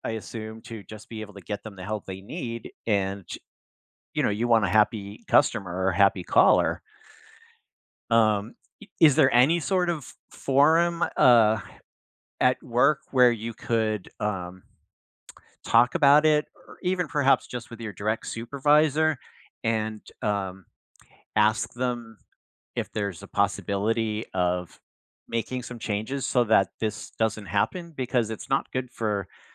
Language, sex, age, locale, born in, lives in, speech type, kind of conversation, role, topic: English, male, 60-64, en-US, United States, United States, conversation, advice, advisor, How can I address feeling unappreciated and get more recognition at work?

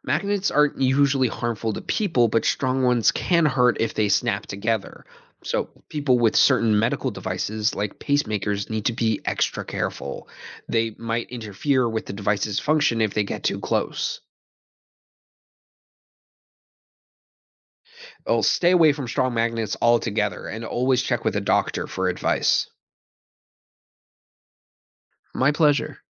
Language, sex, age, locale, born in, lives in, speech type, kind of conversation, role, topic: English, male, 20-24, en-US, United States, United States, read, expert-assistant, expert, How do magnetic fields affect electronic devices?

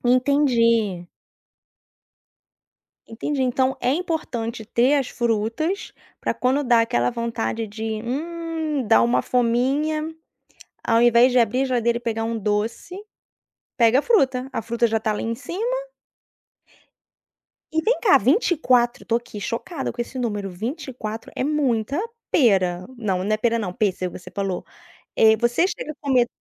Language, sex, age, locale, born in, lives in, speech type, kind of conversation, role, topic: Portuguese, female, 35-39, pt-BR, Brazil, France, conversation, podcast, host, Como escolher refeições rápidas e saudáveis no dia a dia?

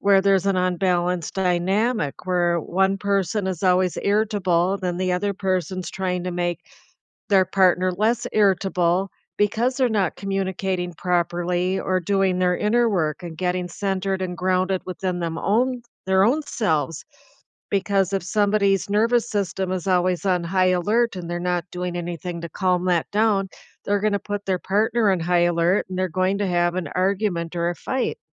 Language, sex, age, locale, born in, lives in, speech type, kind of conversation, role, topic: English, female, 65-69, en-US, United States, United States, conversation, unstructured, none, What helps a relationship last over time?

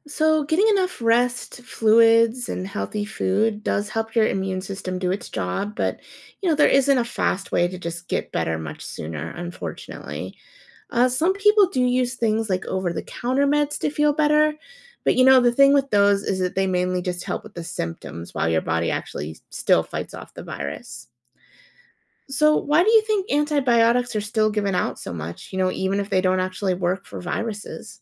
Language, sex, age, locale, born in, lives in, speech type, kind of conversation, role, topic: English, female, 30-34, en-US, United States, United States, read, expert-assistant, expert, What are the main differences between bacteria and viruses?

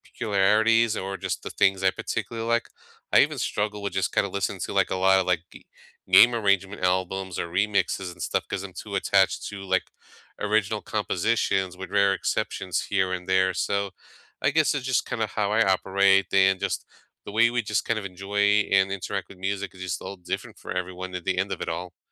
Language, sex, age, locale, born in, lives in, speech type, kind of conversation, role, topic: English, male, 35-39, en-US, United States, United States, conversation, unstructured, none, What music matches your mood these days, and what are your favorite go-to songs?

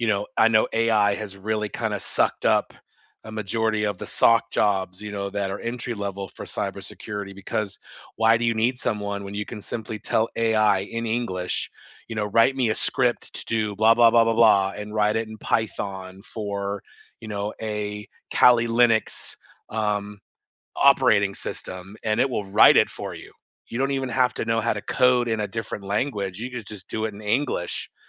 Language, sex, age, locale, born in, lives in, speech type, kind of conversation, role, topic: English, male, 50-54, en-US, United States, United States, conversation, unstructured, none, Which everyday items on your desk have surprising stories behind them, and what do they reveal about you?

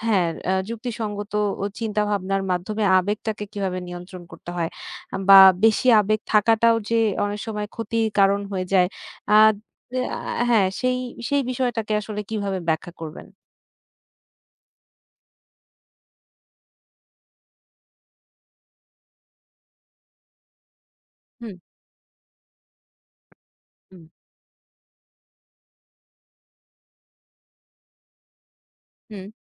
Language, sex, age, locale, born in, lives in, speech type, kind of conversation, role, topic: Bengali, female, 30-34, bn-BD, Bangladesh, Bangladesh, conversation, podcast, host, আপনি কীভাবে আবেগ ও যুক্তির মধ্যে ভারসাম্য রাখেন?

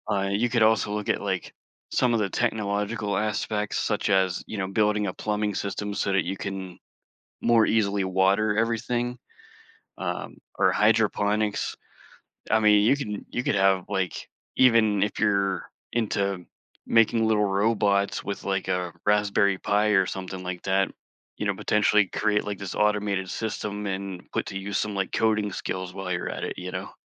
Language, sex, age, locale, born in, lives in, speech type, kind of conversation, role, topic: English, male, 40-44, en-US, United States, United States, conversation, podcast, guest, What inspires you most when you start a new creative project?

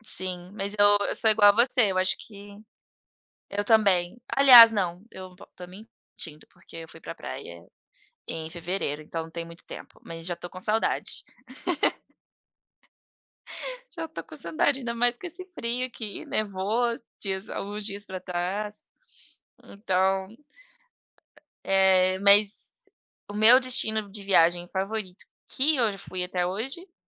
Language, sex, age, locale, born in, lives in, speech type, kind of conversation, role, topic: Portuguese, female, 30-34, pt-BR, Brazil, United States, conversation, unstructured, none, Quais são seus destinos de viagem favoritos e o que os torna especiais?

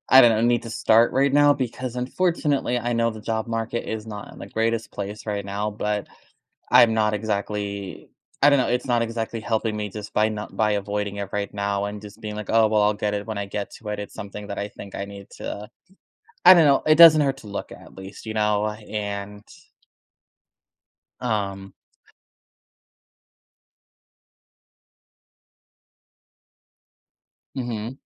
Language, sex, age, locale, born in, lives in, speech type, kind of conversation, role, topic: English, male, 25-29, en-US, United States, United States, conversation, advice, user, How can I reduce stress from financial worries?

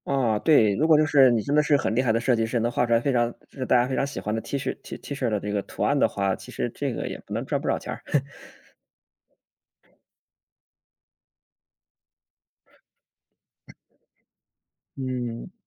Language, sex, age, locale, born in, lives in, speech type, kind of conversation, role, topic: Chinese, male, 30-34, zh-CN, China, Germany, conversation, unstructured, none, 你喜欢自己动手做手工吗？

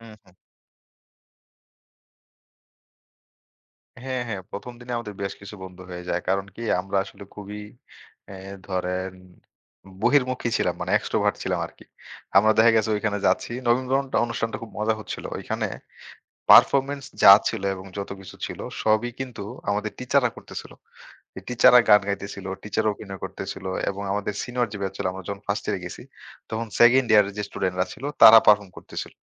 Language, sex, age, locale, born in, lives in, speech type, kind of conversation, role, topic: Bengali, male, 25-29, bn-BD, Bangladesh, Bangladesh, conversation, podcast, guest, কলেজে প্রথম দিন ঢোকার অভিজ্ঞতাটা কীভাবে কেটেছিল মনে আছে?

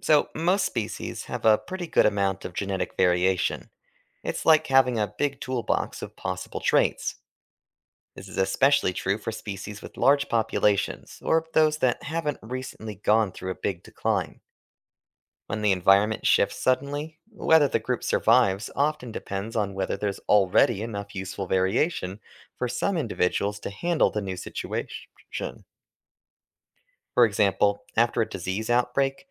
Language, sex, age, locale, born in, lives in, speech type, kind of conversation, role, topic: English, male, 25-29, en-US, United States, United States, read, expert-assistant, expert, Which has a bigger impact on evolution: random mutations or natural selection?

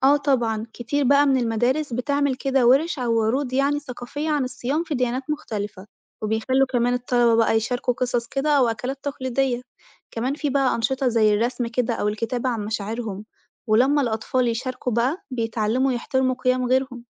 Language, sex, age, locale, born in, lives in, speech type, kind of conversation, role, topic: Arabic, female, 25-29, ar-EG, Egypt, Italy, read, expert-assistant, expert, بيقولوا إن فكرة الصيام موجودة في أغلب الديانات، هل ده فعلاً صح؟ وإيه أوجه التشابه والاختلاف؟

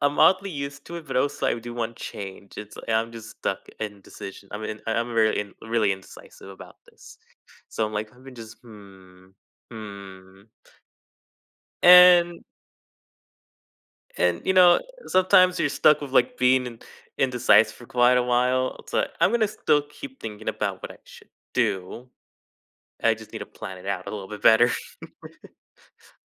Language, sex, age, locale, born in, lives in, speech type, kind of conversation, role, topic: English, male, 20-24, en-US, United States, United States, conversation, advice, user, How can I improve communication with my family and feel more understood?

